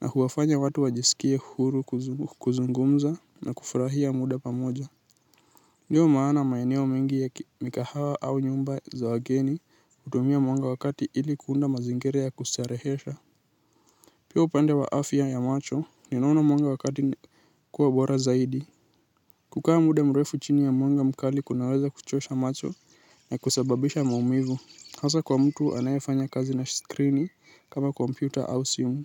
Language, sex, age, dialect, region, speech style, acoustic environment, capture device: Swahili, male, 18-24, Kenya - Nairobi Sheng-influenced, Kenya, anxious; excited; nervous, nature, mobile / Linux / Chrome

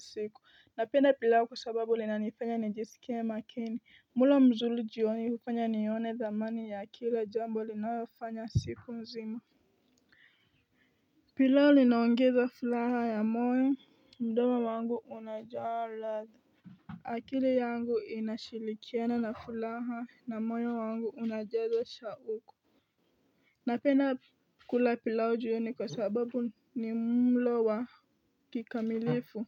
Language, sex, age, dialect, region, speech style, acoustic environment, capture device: Swahili, female, 18-24, Kenya - Nairobi Sheng-influenced, Kenya, tired, nature; people talking, mobile / Linux / Chrome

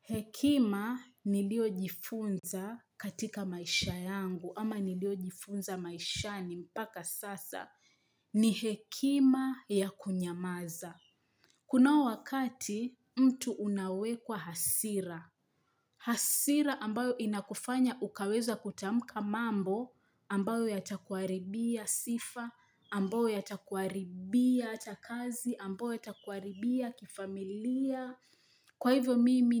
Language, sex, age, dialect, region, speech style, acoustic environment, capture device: Swahili, female, 25-34, Kenya - Mombasa, Kenya, happy, nature, mobile / Linux / Chrome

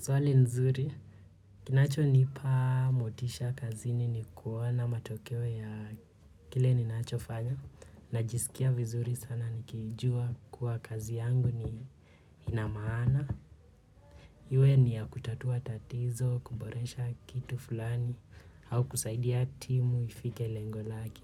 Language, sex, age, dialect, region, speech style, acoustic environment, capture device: Swahili, male, 25-34, Kenya - Nairobi Sheng-influenced, Kenya, relaxed; focused, nature; people talking, mobile / Linux / Chrome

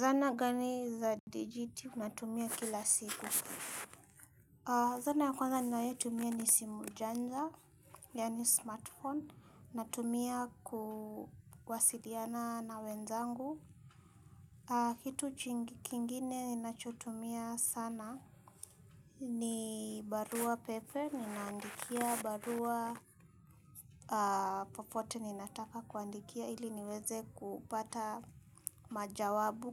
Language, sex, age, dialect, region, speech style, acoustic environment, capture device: Swahili, female, 25-34, Kenya - Nairobi Sheng-influenced, Kenya, happy; nervous, silence, mobile / Linux / Chrome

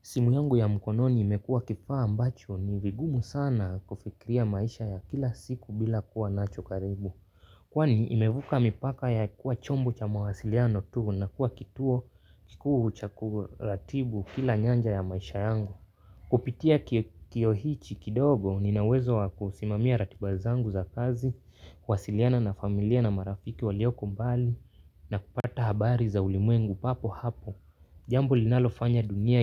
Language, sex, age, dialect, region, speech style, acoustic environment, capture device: Swahili, male, 18-24, Kenya - Nairobi Sheng-influenced, Kenya, focused; relaxed, silence, mobile / Linux / Chrome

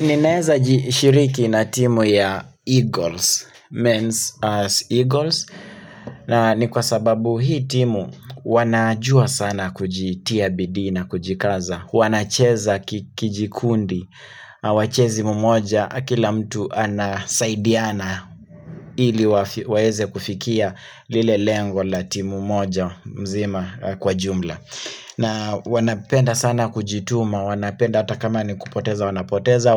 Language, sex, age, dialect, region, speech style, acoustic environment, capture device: Swahili, male, 25-34, Kenya - Nairobi Sheng-influenced, Kenya, happy, silence, mobile / Linux / Chrome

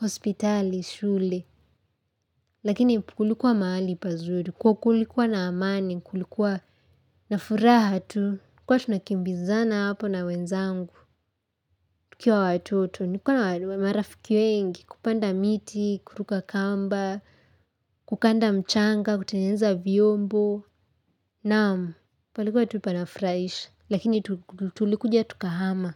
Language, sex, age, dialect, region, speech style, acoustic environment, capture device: Swahili, female, 18-24, Kenya - Nairobi Sheng-influenced, Kenya, happy; excited; relaxed, silence, mobile / Linux / Chrome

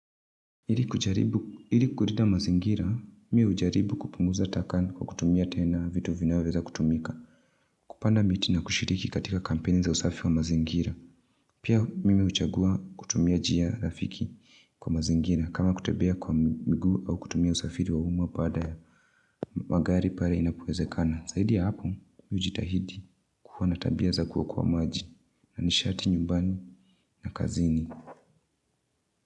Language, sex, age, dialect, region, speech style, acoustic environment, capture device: Swahili, female, 25-34, Kenya - Nairobi Sheng-influenced, Kenya, relaxed, silence, mobile / Linux / Chrome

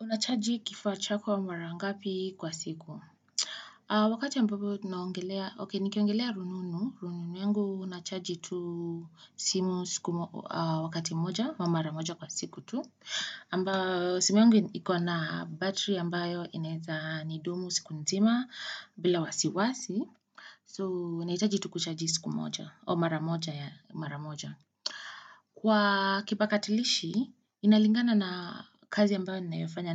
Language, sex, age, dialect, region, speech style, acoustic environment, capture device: Swahili, female, 25-34, Kenya - Nairobi Sheng-influenced, Kenya, excited, people talking; nature, desktop / Windows / Chrome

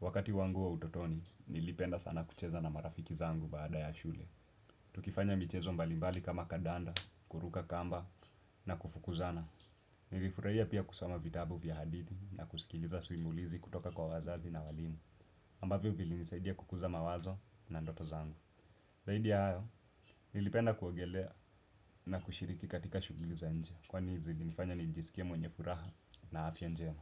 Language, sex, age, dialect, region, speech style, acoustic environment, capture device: Swahili, male, 18-24, Kenya - Nairobi Sheng-influenced, Kenya, relaxed, appliances, desktop / Windows / Chrome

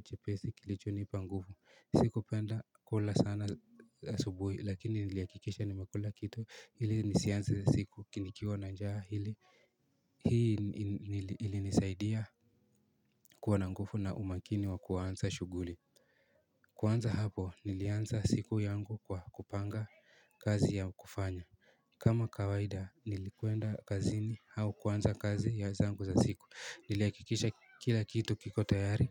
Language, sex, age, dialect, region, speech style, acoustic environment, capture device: Swahili, male, 18-24, Kenya - Nairobi Sheng-influenced, Kenya, anxious, silence, mobile / Linux / Chrome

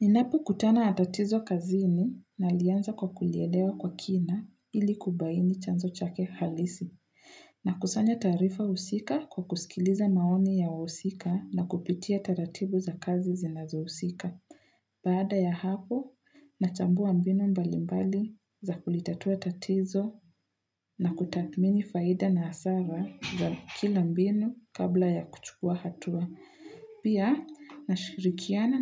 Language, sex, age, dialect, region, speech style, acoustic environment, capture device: Swahili, female, 18-24, Kenya - Nairobi Sheng-influenced, Kenya, excited, people talking, mobile / Linux / Chrome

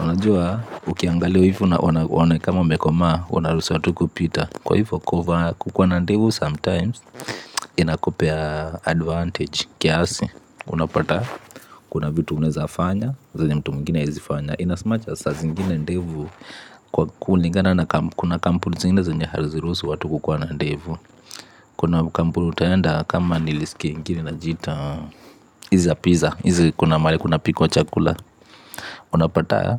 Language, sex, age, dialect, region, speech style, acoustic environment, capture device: Swahili, male, 25-34, Kenya - Nairobi Sheng-influenced, Kenya, relaxed; tired, appliances, mobile / Linux / Chrome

